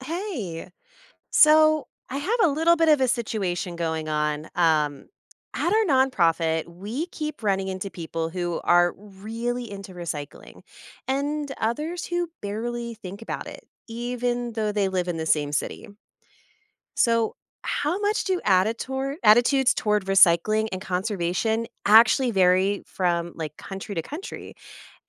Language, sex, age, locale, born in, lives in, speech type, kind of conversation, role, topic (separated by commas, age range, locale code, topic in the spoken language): English, female, 35-39, en-US, United States, United States, read, expert-assistant, asker, How do recycling attitudes impact local ecosystems globally?